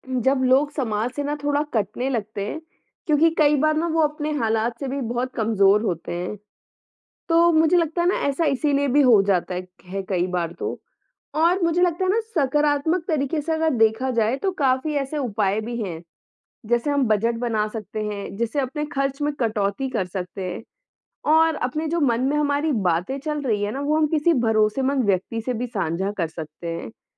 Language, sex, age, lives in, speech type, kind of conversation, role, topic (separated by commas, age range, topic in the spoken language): Hindi, male, 20-24, India, conversation, unstructured, none, पैसे की कमी मानसिक तनाव को कैसे बढ़ाती है?